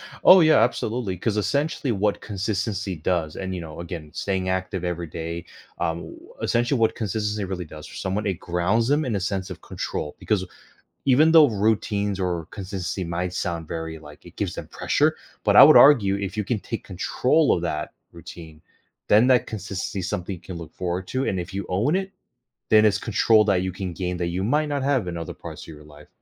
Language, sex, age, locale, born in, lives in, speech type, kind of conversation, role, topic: English, male, 35-39, en-US, United States, United States, conversation, podcast, guest, How can making daily movement a habit improve our health and well-being?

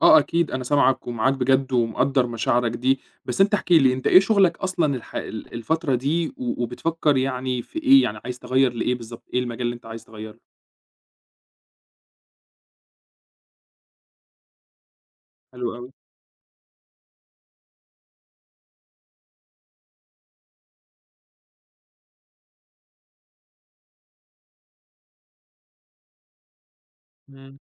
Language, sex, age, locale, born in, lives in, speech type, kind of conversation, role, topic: Arabic, male, 25-29, ar-EG, Egypt, Egypt, conversation, advice, advisor, إزاي أقدر أغيّر مسار شغلي لمهنة تخلّيني راضي وأنا خايف أفشل؟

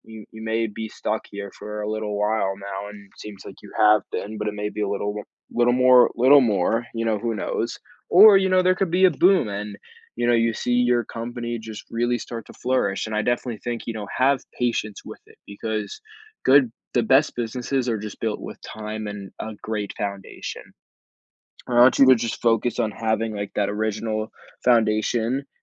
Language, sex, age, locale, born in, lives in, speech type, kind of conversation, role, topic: English, male, 18-19, en-US, United States, United States, conversation, advice, advisor, How can I regain motivation?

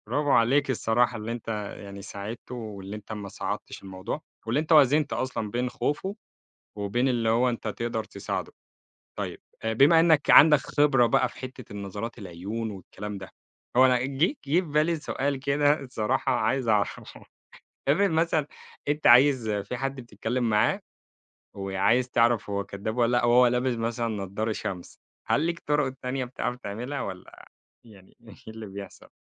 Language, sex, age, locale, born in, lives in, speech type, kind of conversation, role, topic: Arabic, male, 25-29, ar-EG, Egypt, Egypt, conversation, podcast, host, إيه اللي بتقوله العيون قبل الكلام؟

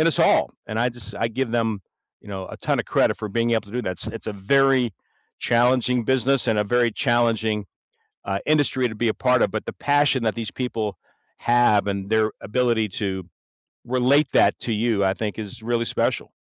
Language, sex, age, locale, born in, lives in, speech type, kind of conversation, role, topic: English, male, 65-69, en-US, United States, United States, conversation, unstructured, none, Which song instantly takes you back to a vivid moment, and what makes that memory meaningful?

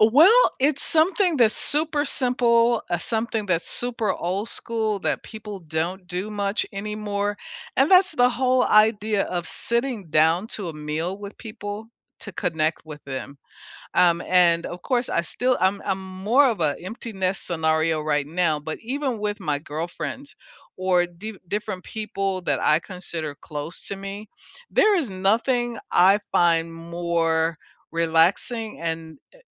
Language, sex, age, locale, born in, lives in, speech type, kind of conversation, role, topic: English, female, 65-69, en-US, United States, United States, conversation, unstructured, none, Which daily routines shape your day and help you feel connected to yourself and others?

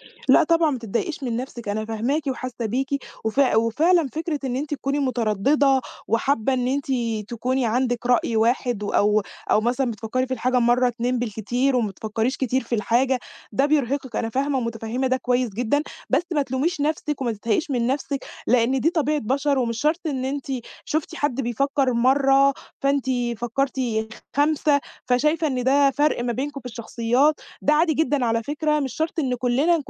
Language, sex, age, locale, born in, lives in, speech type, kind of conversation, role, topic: Arabic, female, 20-24, ar-EG, Egypt, Egypt, conversation, advice, advisor, إزاي أقدر أستعيد ثقتي في نفسي وأبطل أخاف من الفشل وأتردد في قراراتي؟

